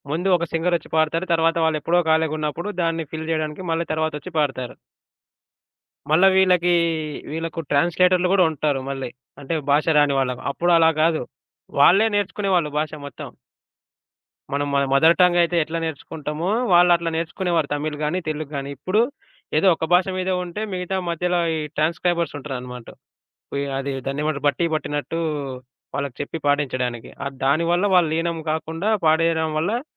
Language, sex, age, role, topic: Telugu, male, 25-29, host, ఒక పాట విన్న తర్వాత మీ జీవితం నిజంగా మారిందని మీరు చెప్పగలరా?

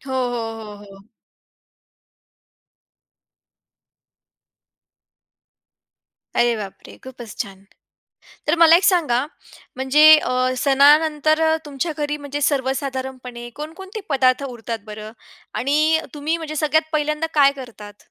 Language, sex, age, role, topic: Marathi, female, 20-24, host, सणात उरलेलं अन्न पुढे तुम्ही कसं वापरता?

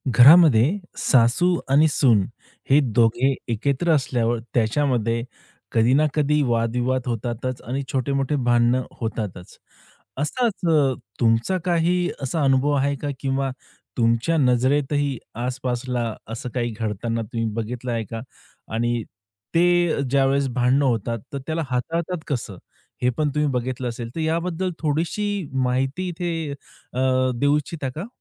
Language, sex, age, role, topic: Marathi, male, 30-34, host, सासू–सूनमधील ताण तुम्ही कसा हाताळता?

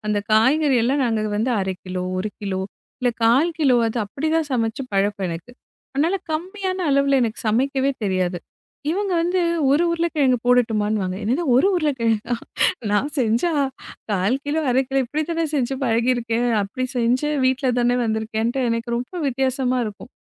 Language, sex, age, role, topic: Tamil, female, 35-39, guest, முதன் முறையாக நீங்கள் செய்து பார்த்த சமையலின் அனுபவம் எப்படி இருந்தது?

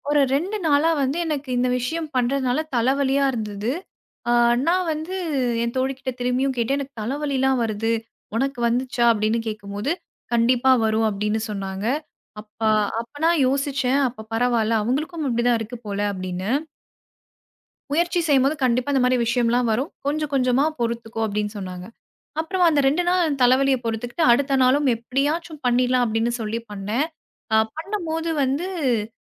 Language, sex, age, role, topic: Tamil, female, 25-29, guest, பவர் நாட் (power nap) பற்றிய உங்கள் அனுபவம் என்ன?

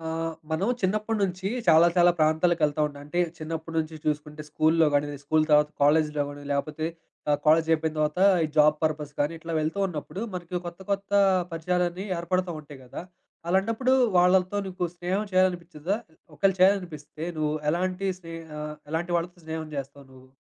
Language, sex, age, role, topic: Telugu, male, 25-29, host, నీకు సరైన స్నేహితులను ఎలా కనుగొంటావు?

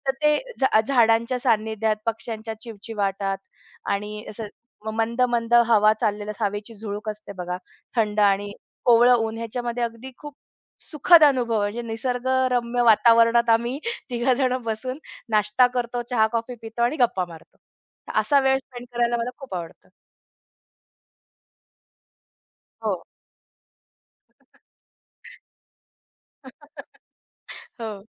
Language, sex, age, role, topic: Marathi, female, 35-39, guest, कुटुंबासोबत वेळ घालवताना तुम्ही कोणत्या गोष्टींना सर्वात जास्त प्राधान्य देता?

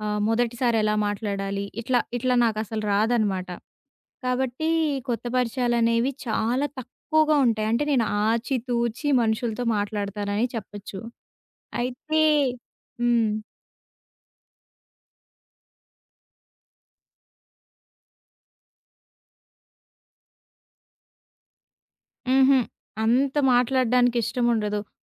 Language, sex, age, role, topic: Telugu, female, 20-24, guest, కొత్తవారితో మీరు ఎలా పరిచయం పెంచుకుంటారు?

